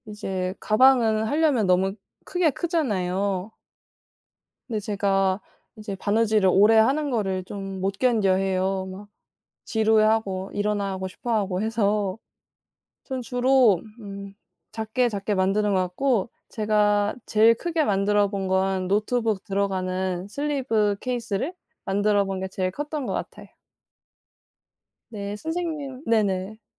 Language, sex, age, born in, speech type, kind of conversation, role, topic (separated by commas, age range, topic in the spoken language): Korean, female, 20-24, South Korea, conversation, unstructured, none, 취미로 시작했는데 힘들었던 경험이 있나요?